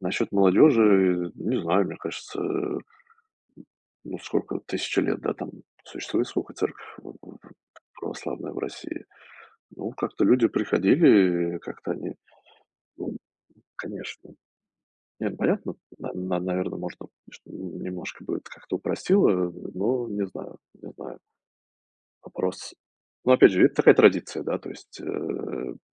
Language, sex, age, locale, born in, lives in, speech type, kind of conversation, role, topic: Russian, male, 35-39, ru-RU, Russia, United States, conversation, unstructured, none, Какие традиции вашего сообщества вам нравятся больше всего?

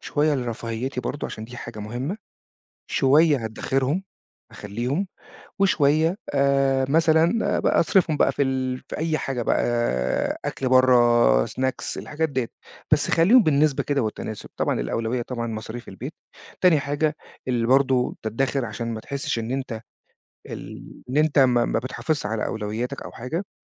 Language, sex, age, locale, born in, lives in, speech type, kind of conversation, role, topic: Arabic, male, 40-44, ar-EG, Egypt, Portugal, conversation, advice, advisor, إزاي أرتّب أولويات الصرف لما يبقى عندي كذا مصروف مهم؟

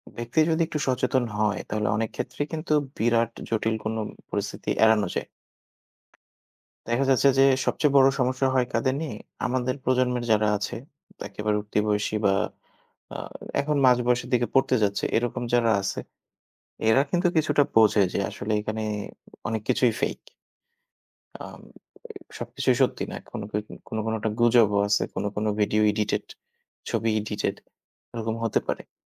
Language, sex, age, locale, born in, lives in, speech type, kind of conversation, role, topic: Bengali, male, 25-29, bn-BD, Bangladesh, Bangladesh, conversation, unstructured, none, সোশ্যাল মিডিয়ায় ভুল তথ্যের বিস্তার আমাদের সমাজের জন্য কতটা ক্ষতিকর?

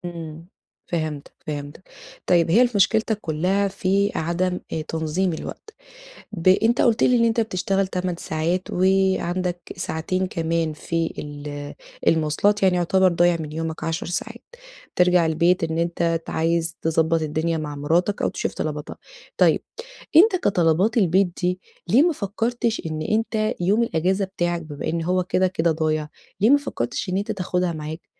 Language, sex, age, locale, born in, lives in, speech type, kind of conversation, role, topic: Arabic, female, 30-34, ar-EG, Egypt, Portugal, conversation, advice, advisor, ازاي الاقي وقت لهواياتي والمرح في يومي المشغول؟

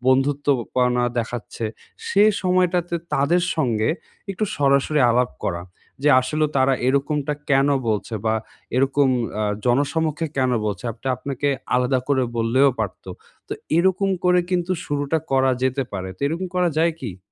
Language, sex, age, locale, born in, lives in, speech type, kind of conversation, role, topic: Bengali, male, 20-24, bn-BD, Bangladesh, Bangladesh, conversation, advice, advisor, অন্যদের অস্বীকৃতি আমি কীভাবে ব্যক্তিগতভাবে না নিয়ে শান্ত থাকতে পারি?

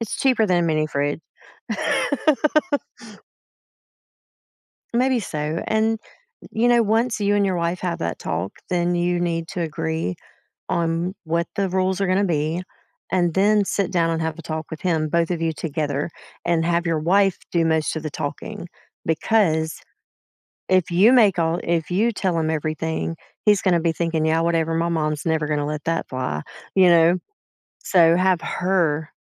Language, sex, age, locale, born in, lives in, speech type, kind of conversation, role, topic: English, female, 45-49, en-US, United States, United States, conversation, advice, advisor, How can I set boundaries with a difficult family member?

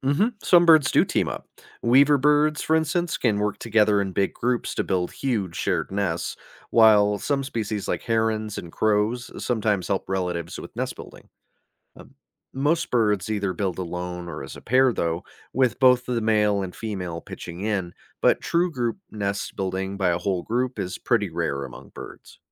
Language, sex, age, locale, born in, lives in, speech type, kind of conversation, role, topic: English, male, 30-34, en-US, United States, United States, read, expert-assistant, expert, How do birds know how to build their nests, and what materials do they use?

